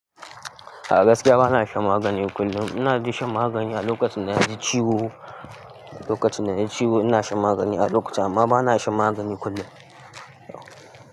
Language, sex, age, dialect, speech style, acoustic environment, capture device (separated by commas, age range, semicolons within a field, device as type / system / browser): Hausa, male, 18-24, Nigeria - Kano, happy, construction, mobile / Linux / Chrome